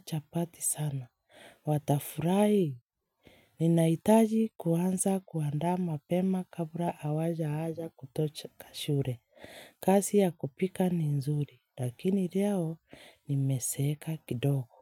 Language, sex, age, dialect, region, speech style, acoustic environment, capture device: Swahili, female, 25-34, Kenya - Nairobi Sheng-influenced, Kenya, relaxed; happy; focused, silence, mobile / Linux / Chrome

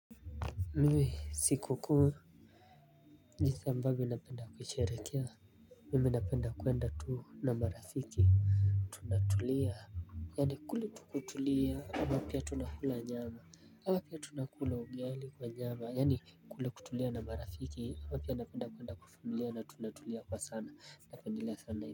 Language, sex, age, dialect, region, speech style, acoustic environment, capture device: Swahili, male, 18-24, Kenya - Mombasa, Kenya, relaxed, silence, mobile / Linux / Chrome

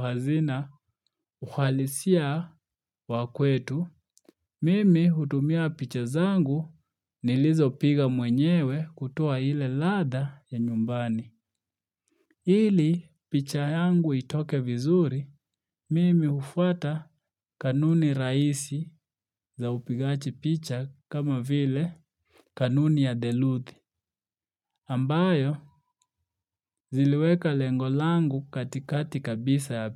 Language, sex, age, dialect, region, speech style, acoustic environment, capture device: Swahili, male, 25-34, Kenya - Nairobi Sheng-influenced, Kenya, relaxed, silence, mobile / Linux / Chrome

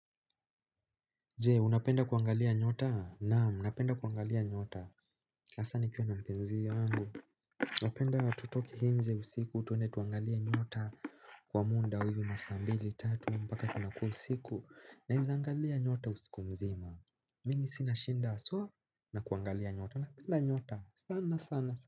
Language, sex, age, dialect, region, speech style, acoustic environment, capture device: Swahili, male, 18-24, Kenya - Nairobi Sheng-influenced, Kenya, relaxed, silence, mobile / Linux / Chrome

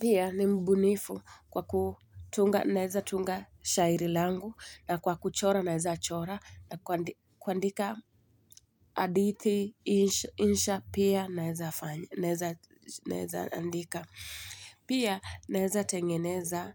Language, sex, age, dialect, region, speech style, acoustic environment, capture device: Swahili, female, 25-34, Kenya - Mombasa, Kenya, happy, silence, mobile / Linux / Chrome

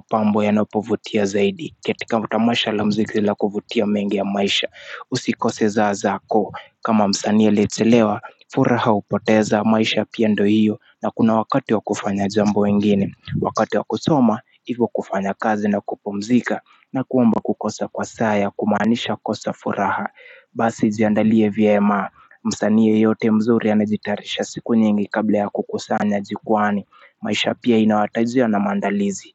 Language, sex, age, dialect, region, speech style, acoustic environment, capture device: Swahili, male, 25-34, Kenya - Mombasa, Kenya, relaxed, nature, mobile / Linux / Chrome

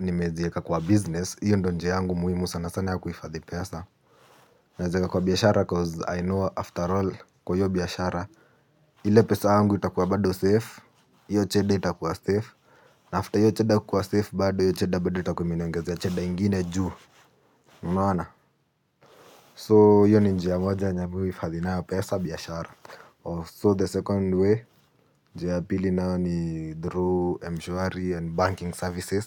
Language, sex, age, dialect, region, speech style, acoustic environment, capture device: Swahili, male, 18-24, Kenya - Nairobi Sheng-influenced, Kenya, happy, silence, mobile / Linux / Chrome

